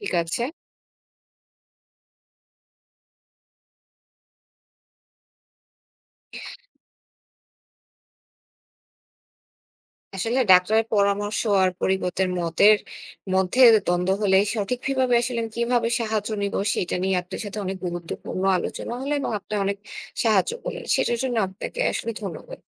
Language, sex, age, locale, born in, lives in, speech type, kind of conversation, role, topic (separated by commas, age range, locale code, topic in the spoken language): Bengali, female, 25-29, bn-BD, Bangladesh, Bangladesh, read, expert-assistant, asker, ডাক্তারের পরামর্শ ও পরিবারের মতের মধ্যে দ্বন্দ্ব হলে, সঠিক সিদ্ধান্ত কীভাবে নেওয়া যায়?